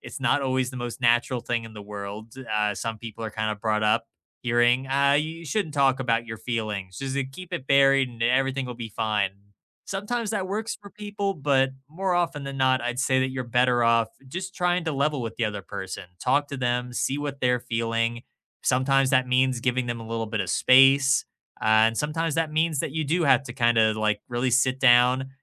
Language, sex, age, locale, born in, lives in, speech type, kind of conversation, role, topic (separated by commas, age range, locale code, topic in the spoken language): English, male, 25-29, en-US, United States, United States, conversation, unstructured, none, How do you balance fairness and kindness in everyday tradeoffs with people you love?